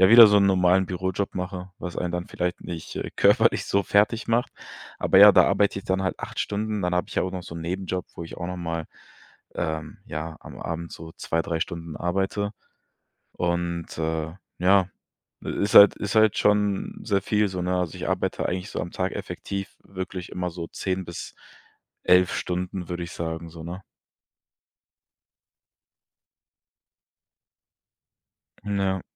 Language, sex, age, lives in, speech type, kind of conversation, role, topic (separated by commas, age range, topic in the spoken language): German, male, 25-29, Germany, conversation, advice, user, Wie kann ich als Gründer mit der überwältigenden Verantwortung und dem Druck umgehen?